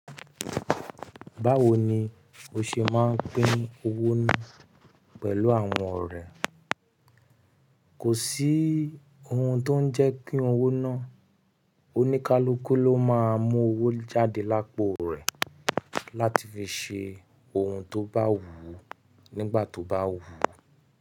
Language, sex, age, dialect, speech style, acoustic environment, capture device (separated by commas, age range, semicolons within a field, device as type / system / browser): Yoruba, male, 25-34, Nigeria - Nigerian Standard, happy; relaxed; excited; focused, silence; nature, mobile / Linux / Chrome